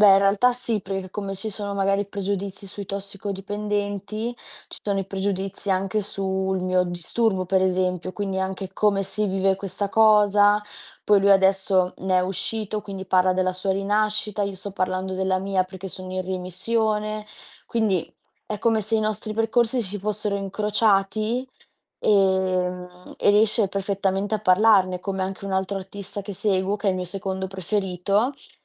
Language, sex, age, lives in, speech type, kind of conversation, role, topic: Italian, female, 20-24, Italy, conversation, unstructured, none, Come può l’arte aiutare a esprimere emozioni difficili?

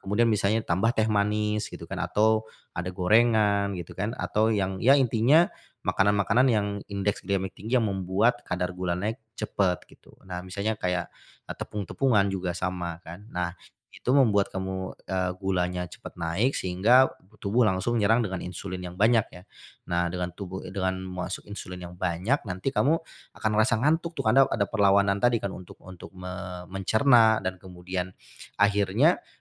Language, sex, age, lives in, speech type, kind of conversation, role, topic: Indonesian, male, 40-44, Indonesia, conversation, advice, advisor, Mengapa saya sering merasa lelah meski sudah tidur cukup?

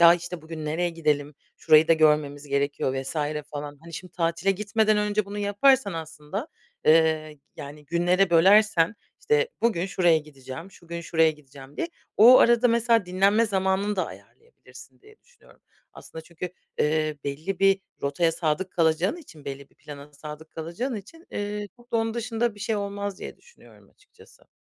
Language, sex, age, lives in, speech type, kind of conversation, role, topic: Turkish, female, 30-34, Germany, conversation, advice, advisor, Tatilde hem yeterince dinlenip hem de yeni yerler keşfetme dengesini nasıl kurabilirim?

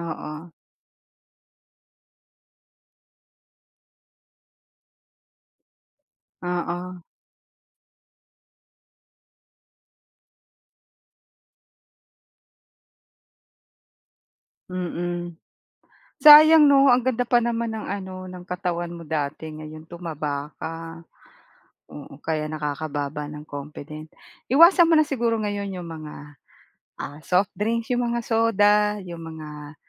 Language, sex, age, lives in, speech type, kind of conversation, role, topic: Filipino, female, 45-49, Philippines, conversation, advice, advisor, Bakit mo iniiwasan ang mga pagtitipon dahil natatakot kang husgahan ng iba?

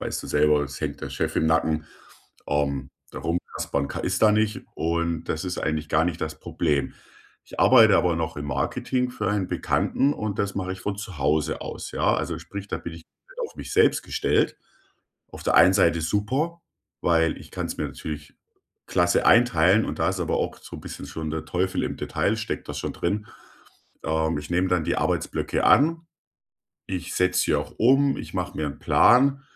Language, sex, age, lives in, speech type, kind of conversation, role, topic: German, male, 35-39, Germany, conversation, advice, user, Wie kann ich meine Arbeitsblöcke planen und Ablenkungen effektiv minimieren?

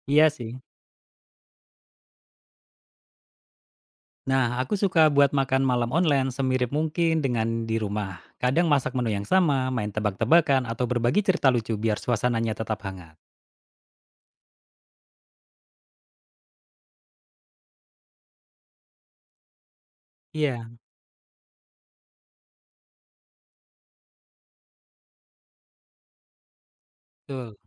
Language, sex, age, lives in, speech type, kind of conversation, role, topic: Indonesian, male, 35-39, Indonesia, read, expert-assistant, asker, Bagaimana mengelola emosi diri ketika harus membuat keputusan besar yang penuh tekanan agar tidak menyesal di kemudian hari?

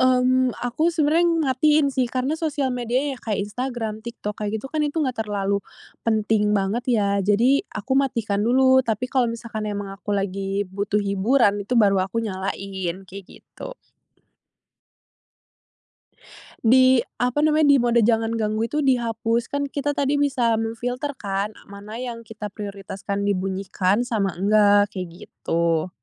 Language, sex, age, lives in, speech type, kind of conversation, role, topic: Indonesian, female, 20-24, Indonesia, conversation, podcast, guest, Bagaimana kamu mengatur notifikasi agar tidak mengganggu?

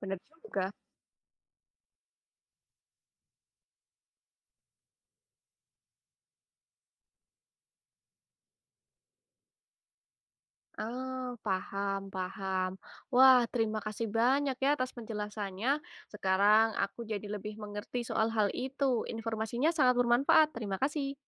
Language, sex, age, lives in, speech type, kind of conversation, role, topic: Indonesian, female, 20-24, Indonesia, read, expert-assistant, asker, Bagaimana cara bertani secara berkelanjutan di lahan terbatas di perkotaan?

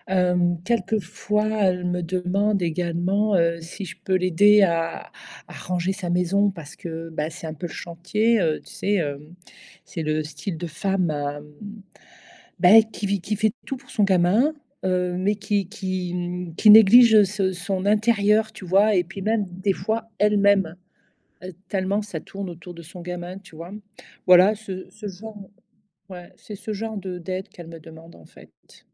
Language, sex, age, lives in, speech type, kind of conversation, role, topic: French, female, 55-59, Portugal, conversation, advice, user, Pourquoi ai-je du mal à dire non quand un ami me demande de l’aide ?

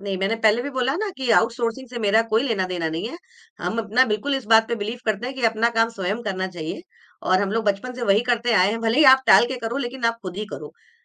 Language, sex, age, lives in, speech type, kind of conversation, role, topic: Hindi, female, 45-49, India, conversation, podcast, guest, Jab tum kaam टालते हो, toh uss aadat se bahar nikalne ke liye tum kya karte ho?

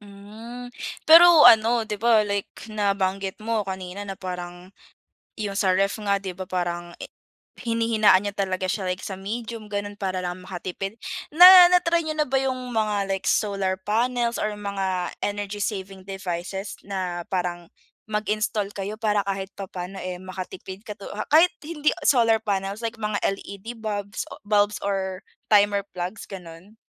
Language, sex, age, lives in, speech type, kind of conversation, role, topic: Filipino, female, 20-24, Philippines, conversation, podcast, host, Ano ang pinaka-praktikal na tip para makatipid sa kuryente?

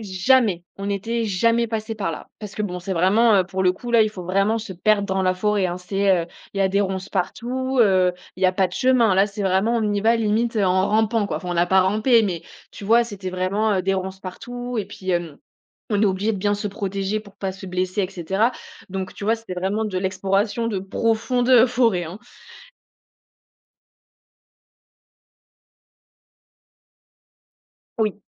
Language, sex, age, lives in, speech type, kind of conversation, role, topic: French, female, 25-29, France, conversation, podcast, guest, Peux-tu raconter une découverte inattendue faite en explorant un quartier ?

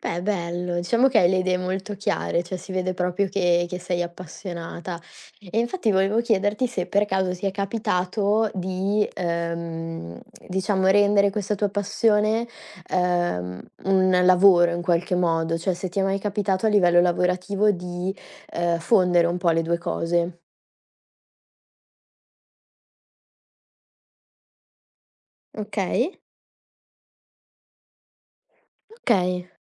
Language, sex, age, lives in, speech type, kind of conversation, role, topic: Italian, female, 20-24, Italy, conversation, podcast, host, Raccontami un film che ti ha cambiato la vita, perché ti ha colpito così tanto?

